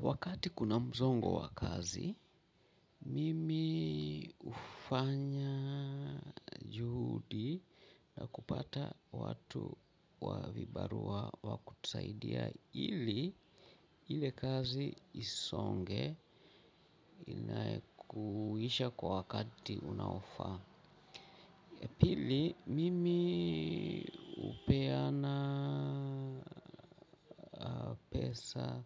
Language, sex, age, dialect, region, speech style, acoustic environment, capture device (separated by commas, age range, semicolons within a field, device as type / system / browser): Swahili, male, 45-54, Kenya - Mombasa, Kenya, happy, people talking; music; traffic, mobile / Linux / Chrome